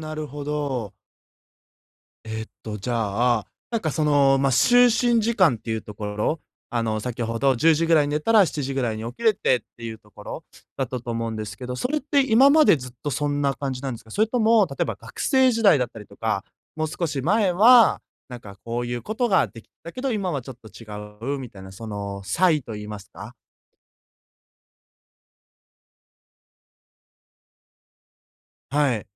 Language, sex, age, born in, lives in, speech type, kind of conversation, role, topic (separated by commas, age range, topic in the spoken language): Japanese, male, 20-24, Japan, Japan, conversation, advice, advisor, 毎日の就寝時間を固定するにはどう始めればいいですか？